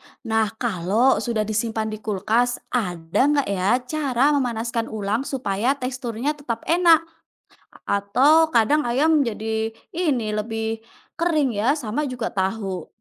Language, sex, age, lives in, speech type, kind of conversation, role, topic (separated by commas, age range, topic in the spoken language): Indonesian, female, 30-34, Indonesia, read, expert-assistant, asker, Kenapa tubuh saya sering merasa lelah padahal sudah cukup tidur dan makan?